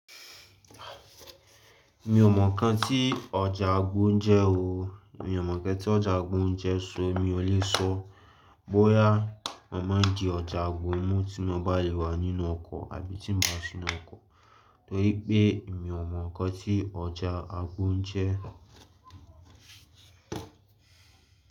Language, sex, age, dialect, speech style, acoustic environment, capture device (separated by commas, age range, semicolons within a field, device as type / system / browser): Yoruba, male, 18-24, Nigeria - Lagos, relaxed, music, mobile / Linux / Chrome